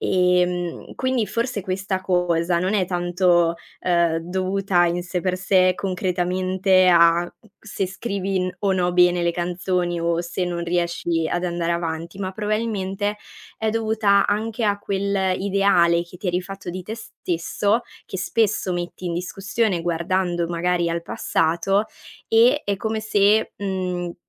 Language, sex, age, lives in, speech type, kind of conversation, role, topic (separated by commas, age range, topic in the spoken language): Italian, female, 20-24, Italy, conversation, advice, advisor, Come posso superare il perfezionismo che mi impedisce di iniziare nuovi progetti?